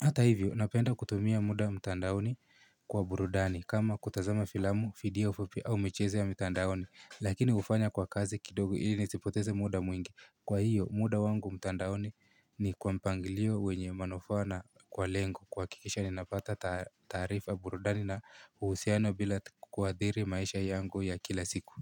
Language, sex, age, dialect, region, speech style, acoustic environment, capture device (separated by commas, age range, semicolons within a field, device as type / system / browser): Swahili, male, 18-24, Kenya - Nairobi Sheng-influenced, Kenya, happy, people talking, mobile / Linux / Chrome